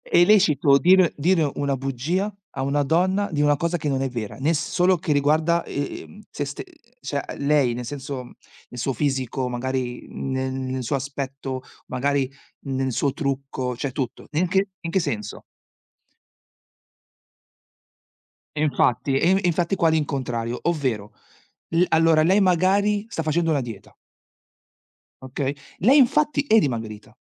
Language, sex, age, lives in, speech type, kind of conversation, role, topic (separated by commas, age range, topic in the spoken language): Italian, male, 40-44, Italy, conversation, unstructured, none, Quando è lecito dire una bugia?